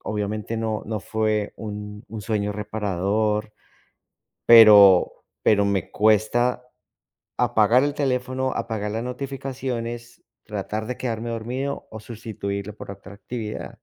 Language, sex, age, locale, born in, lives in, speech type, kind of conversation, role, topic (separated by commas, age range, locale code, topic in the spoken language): Spanish, male, 40-44, es-CO, Colombia, United States, conversation, advice, user, ¿Cómo puedo desconectarme del celular por la noche antes de dormir?